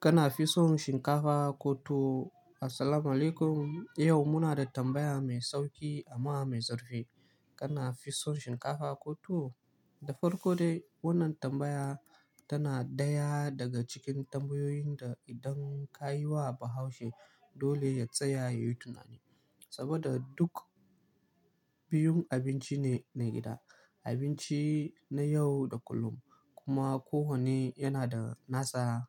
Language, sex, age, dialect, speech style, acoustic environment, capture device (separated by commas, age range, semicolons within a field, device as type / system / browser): Hausa, male, 18-24, Nigeria - Kano, relaxed, people talking, mobile / Linux / Chrome